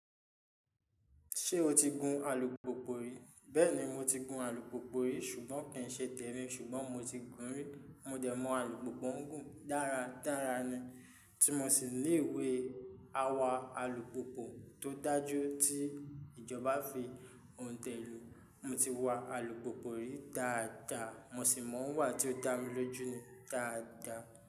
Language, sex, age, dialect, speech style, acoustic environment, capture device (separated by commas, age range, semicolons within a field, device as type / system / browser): Yoruba, male, 25-34, Nigeria - Ijebu, stressed, people talking, mobile / Linux / Chrome